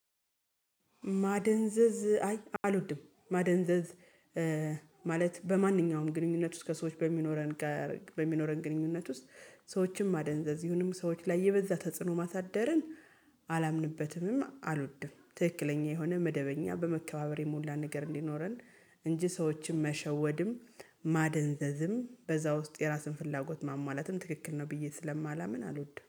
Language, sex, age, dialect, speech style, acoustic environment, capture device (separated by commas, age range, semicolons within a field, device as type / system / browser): Amharic, female, 35-44, Ethiopia - Gojjam, happy, silence, mobile / Linux / Chrome